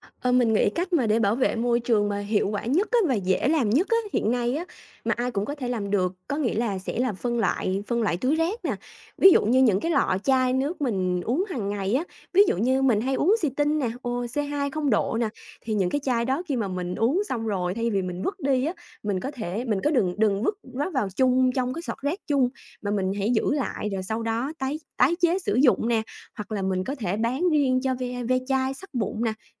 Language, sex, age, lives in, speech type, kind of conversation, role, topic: Vietnamese, female, 30-34, Vietnam, conversation, podcast, guest, Bạn làm gì để bảo vệ môi trường xung quanh mình?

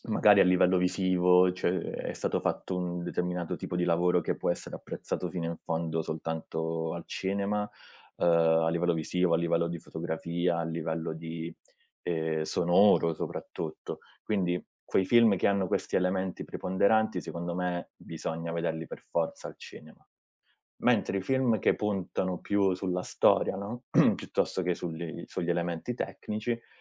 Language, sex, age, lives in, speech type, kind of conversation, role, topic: Italian, male, 30-34, Italy, conversation, podcast, guest, Come cambia l’esperienza di andare al cinema rispetto a guardare un film a casa?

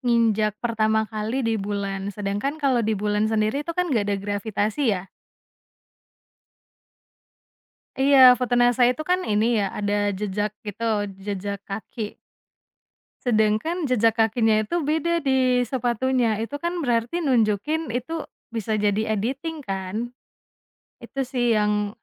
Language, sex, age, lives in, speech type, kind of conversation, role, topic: Indonesian, female, 25-29, Indonesia, conversation, podcast, guest, Bagaimana cara Anda menjaga rasa penasaran agar tetap hidup?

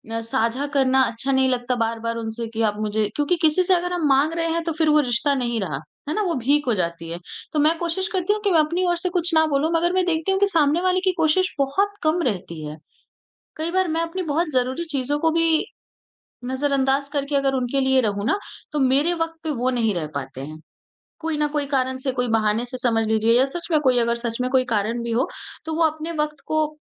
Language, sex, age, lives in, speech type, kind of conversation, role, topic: Hindi, female, 20-24, India, conversation, advice, user, आप बार-बार एक ही तरह के खराब रिश्तों में क्यों पड़ जाते हैं?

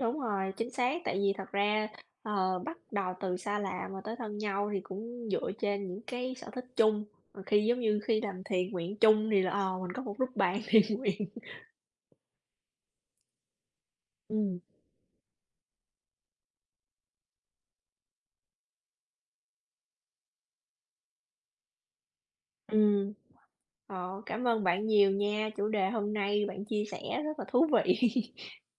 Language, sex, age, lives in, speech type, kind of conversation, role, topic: Vietnamese, female, 35-39, United States, conversation, unstructured, none, Bạn thích có một người bạn thân duy nhất hay có nhiều bạn bè bình thường hơn?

